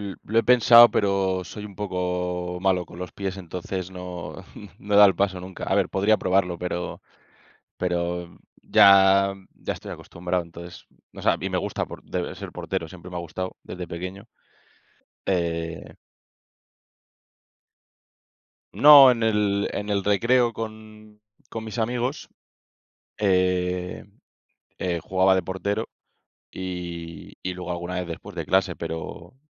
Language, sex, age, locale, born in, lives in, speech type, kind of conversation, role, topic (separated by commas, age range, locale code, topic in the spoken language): Spanish, male, 25-29, es-ES, Spain, Spain, conversation, unstructured, none, ¿Cuál es tu deporte favorito para mantenerte activo?